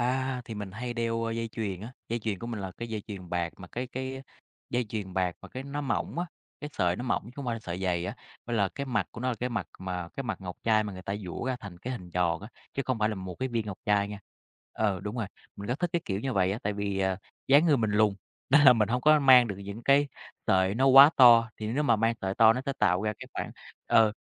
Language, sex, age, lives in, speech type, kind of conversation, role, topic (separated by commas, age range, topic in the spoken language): Vietnamese, male, 30-34, Vietnam, conversation, podcast, guest, Bạn có phong cách khác nhau theo từng tâm trạng không?